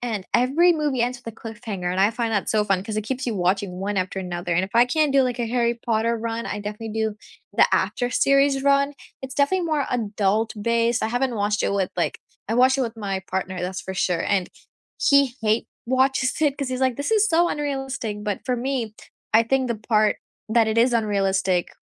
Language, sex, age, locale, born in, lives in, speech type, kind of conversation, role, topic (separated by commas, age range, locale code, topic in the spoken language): English, female, 18-19, en-US, India, United States, conversation, unstructured, none, What is your go-to comfort movie when life feels heavy?